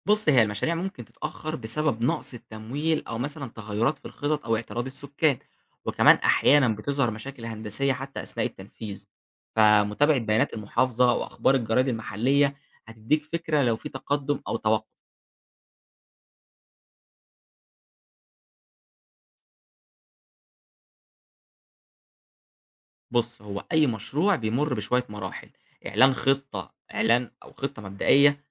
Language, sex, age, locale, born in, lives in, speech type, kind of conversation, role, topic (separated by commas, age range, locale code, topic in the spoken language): Arabic, male, 20-24, ar-EG, Egypt, Egypt, read, expert-assistant, expert, لو عايز أعرف أكتر عن إزاي المدن بتتغير في مصر، أبدأ منين وأدور على إيه؟